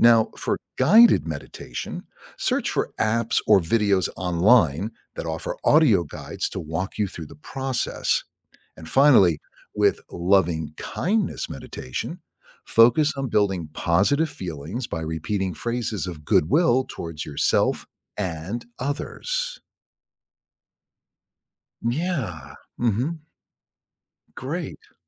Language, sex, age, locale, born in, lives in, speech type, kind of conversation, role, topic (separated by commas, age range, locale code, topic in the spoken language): English, male, 60-64, en-US, United States, United States, read, expert-assistant, expert, How does meditation affect stress levels?